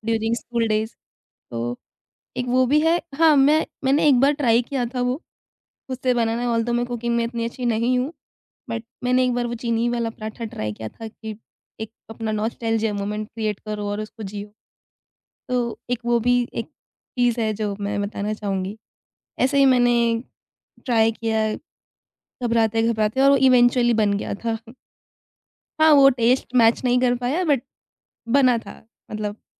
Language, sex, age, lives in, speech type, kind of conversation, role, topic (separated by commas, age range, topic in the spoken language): Hindi, female, 25-29, India, conversation, podcast, guest, Kaunse swaad tumhe bachpan ki yaad dilaate hain?